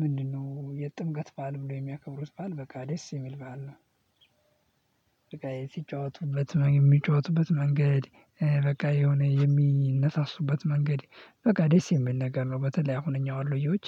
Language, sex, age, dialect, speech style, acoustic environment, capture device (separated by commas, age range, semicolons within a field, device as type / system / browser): Amharic, male, 18-24, Ethiopia - Addis Ababa, happy; nervous, people talking; silence; music, mobile / Linux / Chrome